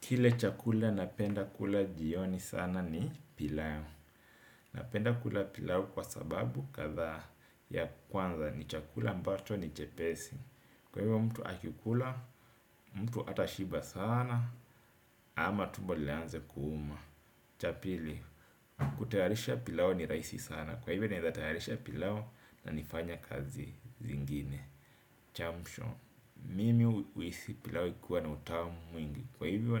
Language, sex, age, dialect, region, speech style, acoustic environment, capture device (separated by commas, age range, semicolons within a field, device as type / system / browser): Swahili, male, 35-44, Kenya - Mombasa, Kenya, happy, people talking, desktop / Windows / Edge